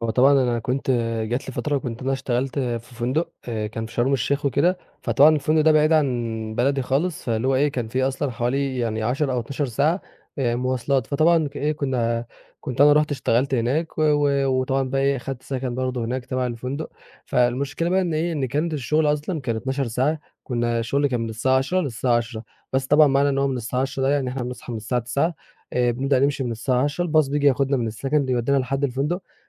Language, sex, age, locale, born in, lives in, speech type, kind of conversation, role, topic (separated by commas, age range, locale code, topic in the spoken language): Arabic, male, 20-24, ar-EG, Egypt, Egypt, conversation, podcast, guest, إزاي بتوازن بين الشغل وحياتك العائلية؟